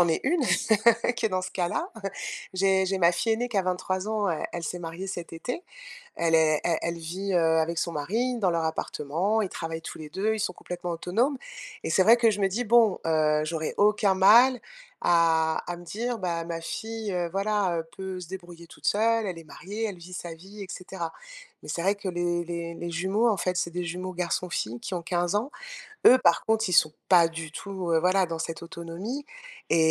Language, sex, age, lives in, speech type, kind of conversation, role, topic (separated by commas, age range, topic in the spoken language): French, female, 50-54, France, conversation, advice, user, Comment décrirais-tu la pression familiale et sociale que tu ressens à propos de ton choix entrepreneurial ?